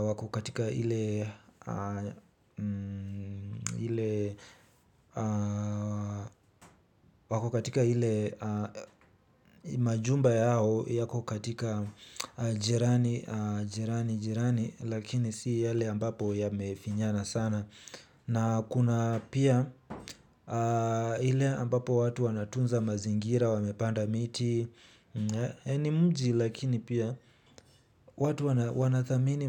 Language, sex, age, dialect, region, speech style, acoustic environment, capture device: Swahili, male, 18-24, Kenya - Nairobi Sheng-influenced, Kenya, relaxed, nature, mobile / Linux / Chrome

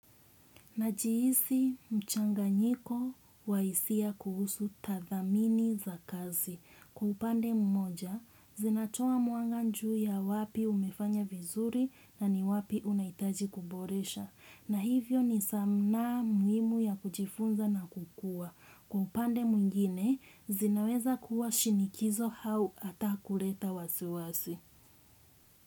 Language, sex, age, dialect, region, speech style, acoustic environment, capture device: Swahili, female, 25-34, Kenya - Mombasa, Kenya, relaxed, silence; nature, desktop / Windows / Chrome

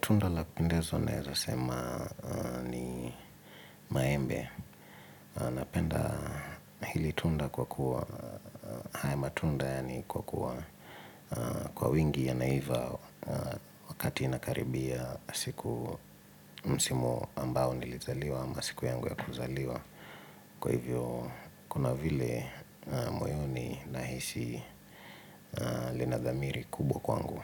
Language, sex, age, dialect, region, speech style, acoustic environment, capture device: Swahili, male, 25-34, Kenya - Nairobi Sheng-influenced, Kenya, relaxed, silence, mobile / Linux / Edge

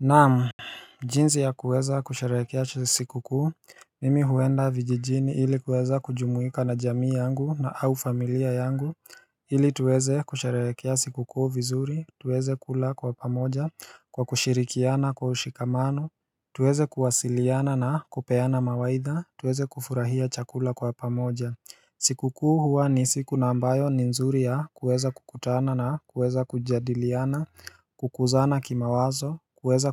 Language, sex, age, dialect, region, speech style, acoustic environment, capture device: Swahili, male, 18-24, Kenya - Nairobi Sheng-influenced, Kenya, relaxed, silence, mobile / Linux / Chrome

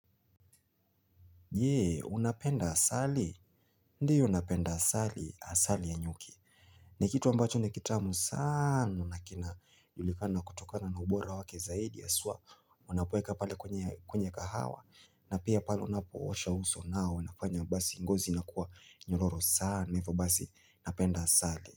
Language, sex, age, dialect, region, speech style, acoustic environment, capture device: Swahili, male, 25-34, Kenya - Mombasa, Kenya, happy; focused, silence, mobile / Linux / Chrome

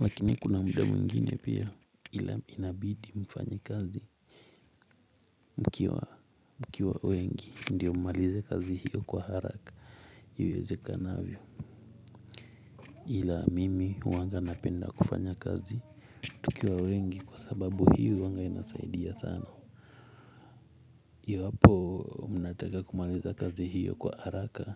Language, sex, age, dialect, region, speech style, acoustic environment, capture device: Swahili, male, 18-24, Kenya - Mombasa, Kenya, focused, silence, mobile / Linux / Chrome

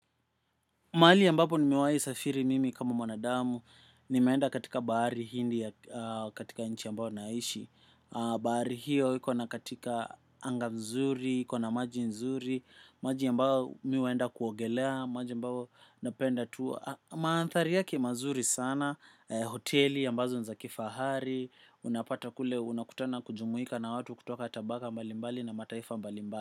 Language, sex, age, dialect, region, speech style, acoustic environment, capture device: Swahili, male, 25-34, Kenya - Nairobi Sheng-influenced, Kenya, focused, silence, desktop / macOS / Chrome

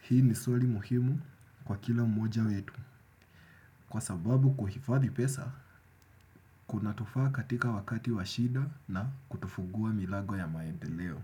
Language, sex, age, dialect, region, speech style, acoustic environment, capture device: Swahili, male, 25-34, Kenya - Nairobi Sheng-influenced, Kenya, happy; relaxed; excited; focused, nature; silence; appliances, desktop / Windows / Chrome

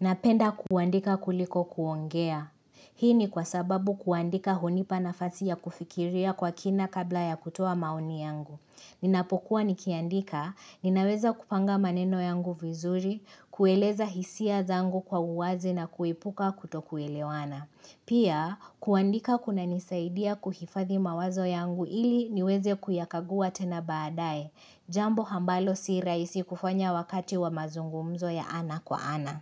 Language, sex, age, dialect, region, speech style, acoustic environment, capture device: Swahili, female, 25-34, Kenya - Nairobi Sheng-influenced, Kenya, relaxed, silence, mobile / Linux / Chrome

